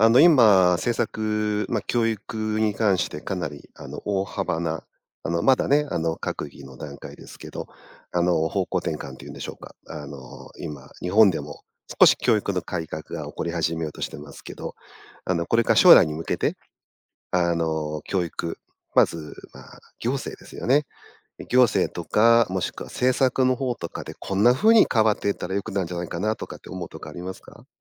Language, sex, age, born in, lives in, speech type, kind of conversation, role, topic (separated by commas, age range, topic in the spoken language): Japanese, male, 50-54, Japan, Japan, conversation, podcast, host, 塾や予備校の存在は、教育にどのような影響を与えているのでしょうか？